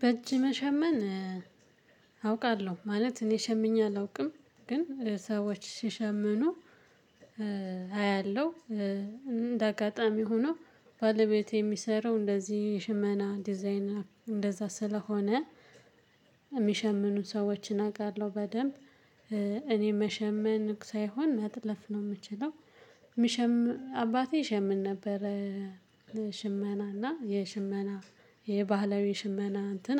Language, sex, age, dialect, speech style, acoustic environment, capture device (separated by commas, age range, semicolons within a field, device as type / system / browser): Amharic, female, 18-24, Ethiopia - Gondar, relaxed, silence, mobile / Linux / Chrome